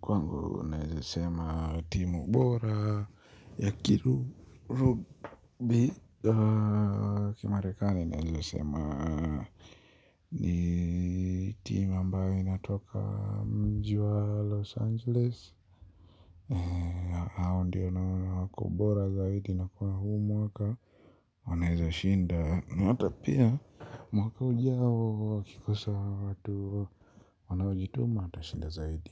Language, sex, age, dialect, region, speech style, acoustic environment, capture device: Swahili, male, 25-34, Kenya - Nairobi Sheng-influenced, Kenya, relaxed, silence, mobile / Linux / Chrome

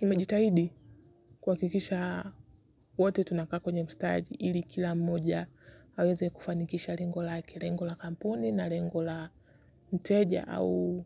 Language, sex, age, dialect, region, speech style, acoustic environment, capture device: Swahili, female, 18-24, Kenya - Nairobi Sheng-influenced, Kenya, relaxed, silence, mobile / Linux / Chrome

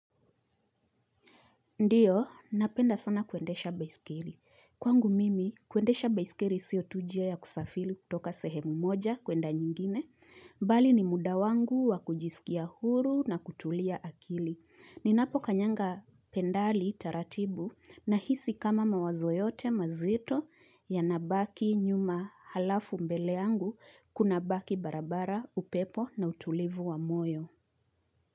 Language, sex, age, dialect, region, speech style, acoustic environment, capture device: Swahili, female, 25-34, Kenya - Nairobi Sheng-influenced, Kenya, happy, appliances, mobile / Linux / Chrome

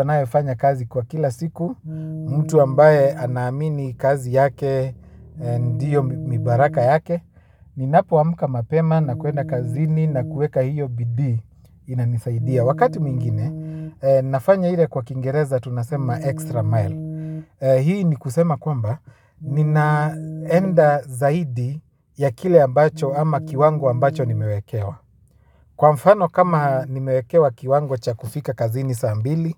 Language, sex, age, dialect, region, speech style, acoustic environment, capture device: Swahili, male, 25-34, Kenya - Nairobi Sheng-influenced, Kenya, anxious; happy, silence, mobile / Linux / Chrome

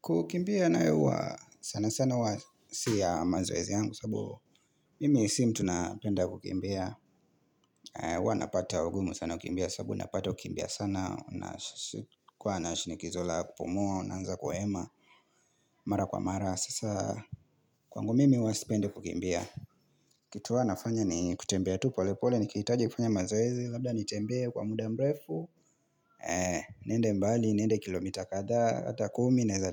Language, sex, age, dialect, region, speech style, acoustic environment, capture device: Swahili, male, 25-34, Kenya - Mombasa, Kenya, focused, silence, mobile / Linux / Chrome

